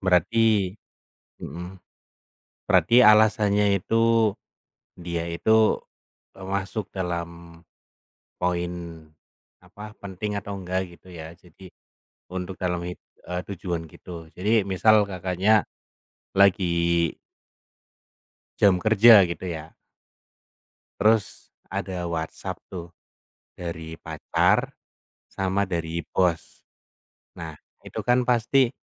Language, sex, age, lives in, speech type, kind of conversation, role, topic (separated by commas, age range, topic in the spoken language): Indonesian, male, 30-34, Indonesia, conversation, unstructured, none, Bagaimana kamu menentukan prioritas dalam hidup?